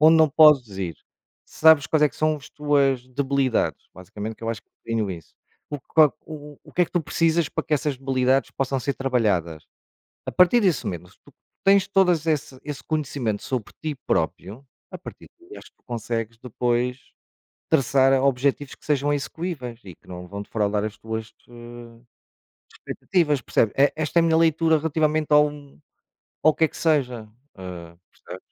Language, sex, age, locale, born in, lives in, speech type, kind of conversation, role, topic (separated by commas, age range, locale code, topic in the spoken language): Portuguese, male, 45-49, pt-PT, Portugal, Portugal, conversation, unstructured, none, O que motiva você a buscar seus objetivos?